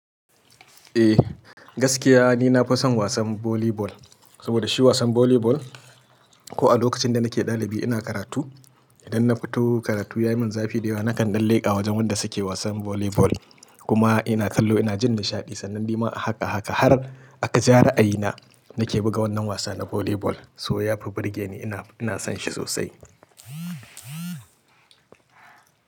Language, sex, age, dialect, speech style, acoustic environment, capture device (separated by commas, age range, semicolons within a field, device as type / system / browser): Hausa, male, 35-44, Nigeria - Kano, happy; excited; focused, people talking, mobile / Linux / Chrome